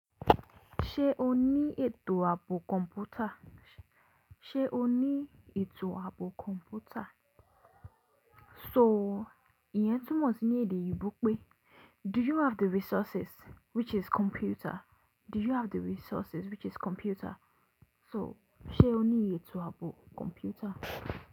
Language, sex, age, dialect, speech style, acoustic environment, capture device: Yoruba, female, 18-24, Nigeria - Lagos Yoruba, happy, silence, mobile / Linux / Chrome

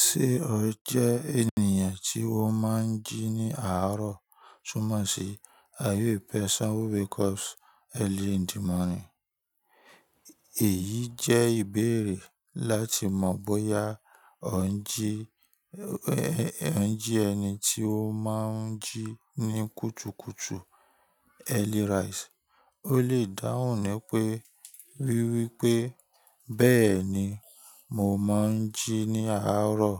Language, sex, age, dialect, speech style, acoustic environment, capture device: Yoruba, male, 25-34, Nigeria - Ibadan, relaxed, nature; people talking, mobile / Linux / Chrome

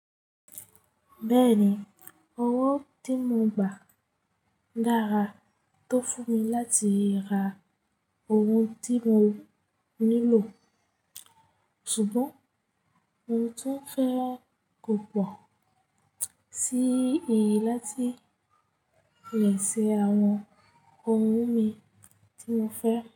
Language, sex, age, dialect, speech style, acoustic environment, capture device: Yoruba, female, 25-34, Nigeria - Ijebu, happy; focused, people talking; nature, mobile / Linux / Chrome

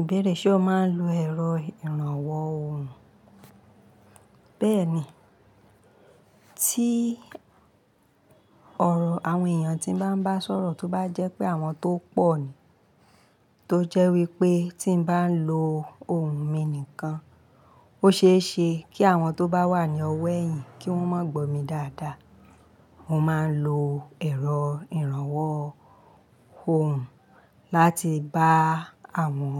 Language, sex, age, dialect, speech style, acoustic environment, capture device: Yoruba, female, 35-44, Nigeria - Ibadan, relaxed; happy; focused, people talking; nature; appliances, mobile / Linux / Chrome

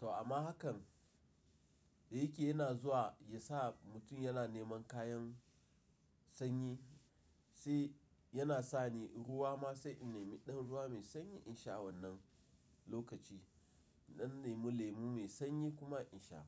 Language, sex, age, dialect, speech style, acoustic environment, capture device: Hausa, male, 35-44, Nigeria - Nigerian Standard, excited, silence, mobile / Linux / Chrome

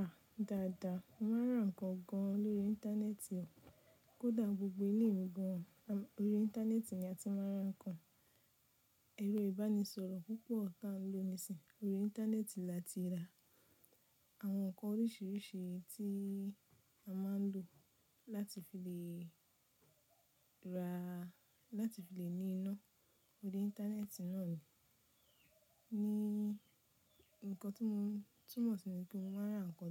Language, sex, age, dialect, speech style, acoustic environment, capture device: Yoruba, female, 25-34, Nigeria - Nigerian Standard, happy, silence, mobile / Linux / Chrome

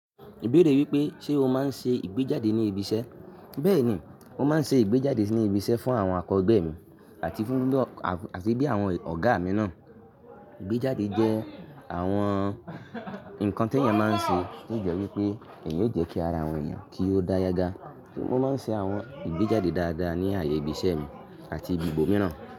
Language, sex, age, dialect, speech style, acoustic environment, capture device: Yoruba, male, 25-34, Nigeria - Ibadan, happy; relaxed; focused, silence; nature, mobile / Linux / Chrome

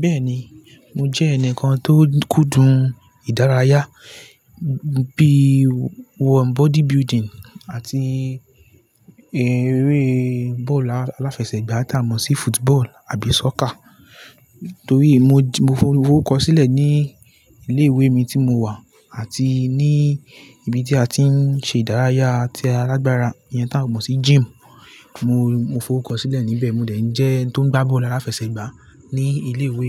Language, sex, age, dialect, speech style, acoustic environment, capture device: Yoruba, male, 18-24, Nigeria - Lagos Yoruba, relaxed; focused, nature, desktop / Linux / Chrome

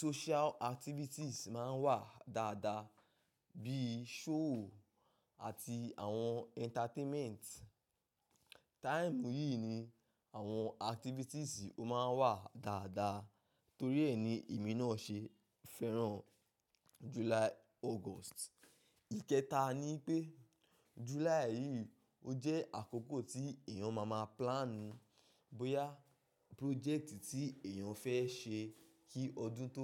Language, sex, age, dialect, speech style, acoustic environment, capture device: Yoruba, male, 25-34, Nigeria - Ibadan, focused, nature, mobile / macOS / Safari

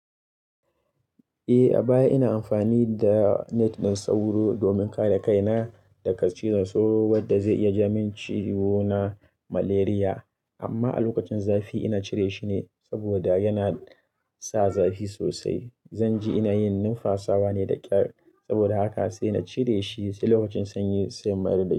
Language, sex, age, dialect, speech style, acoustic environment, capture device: Hausa, male, 25-34, Nigeria - Kano, focused, people talking; music, mobile / Linux / Chrome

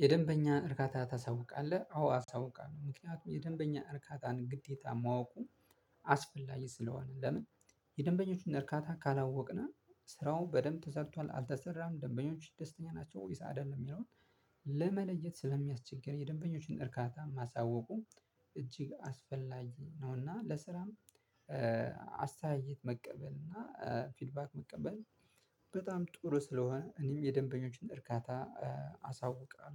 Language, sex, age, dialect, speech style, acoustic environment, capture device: Amharic, male, 25-34, Ethiopia - Addis Ababa, excited, silence, mobile / Linux / Chrome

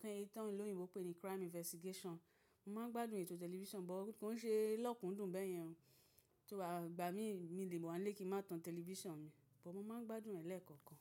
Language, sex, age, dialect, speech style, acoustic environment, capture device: Yoruba, female, 35-44, Nigeria - Lagos Yoruba, happy, silence, mobile / macOS / Safari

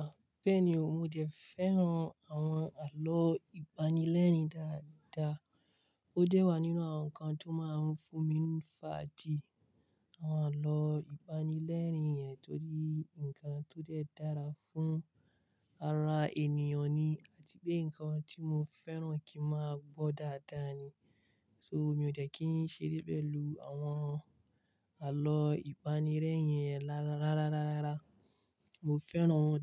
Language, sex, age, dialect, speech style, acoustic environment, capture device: Yoruba, male, 25-34, Nigeria - Kano, relaxed, silence, mobile / Linux / Chrome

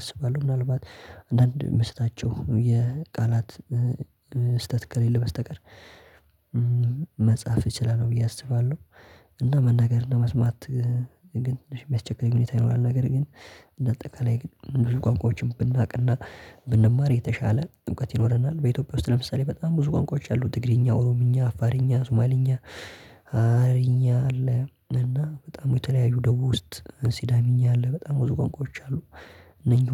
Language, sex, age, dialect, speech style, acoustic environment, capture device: Amharic, male, 18-24, Ethiopia - Addis Ababa, happy, silence, mobile / Linux / Chrome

